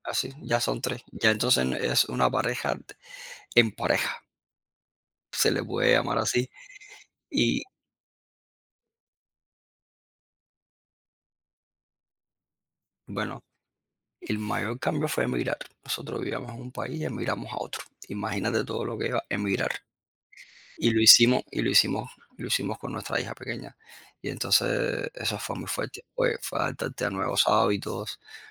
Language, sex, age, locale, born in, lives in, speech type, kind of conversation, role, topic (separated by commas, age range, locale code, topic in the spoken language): Spanish, male, 40-44, es-CU, Cuba, United States, conversation, podcast, guest, ¿Cómo negocias las responsabilidades del hogar con tu pareja?